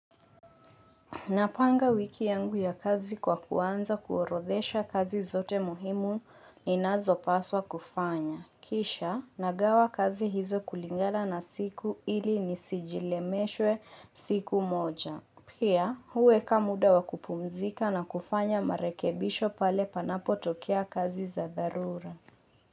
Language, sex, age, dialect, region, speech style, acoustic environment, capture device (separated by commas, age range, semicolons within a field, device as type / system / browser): Swahili, female, 25-34, Kenya - Mombasa, Kenya, focused, silence, mobile / Linux / Chrome